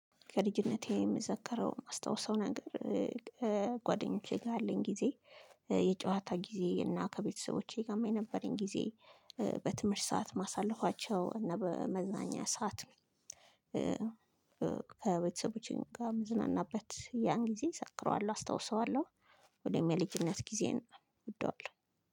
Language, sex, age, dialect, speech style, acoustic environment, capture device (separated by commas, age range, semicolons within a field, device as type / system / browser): Amharic, female, 25-34, Ethiopia - Addis Ababa, excited, silence, mobile / Linux / Chrome